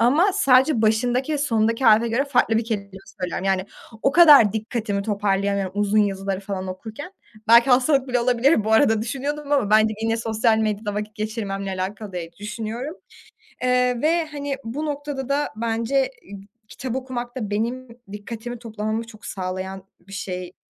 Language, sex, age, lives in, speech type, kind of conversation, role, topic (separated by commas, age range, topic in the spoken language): Turkish, female, 20-24, Germany, conversation, advice, user, Uyku düzeninizi sürdüremeyip sürekli geç yatmanıza neler sebep oluyor?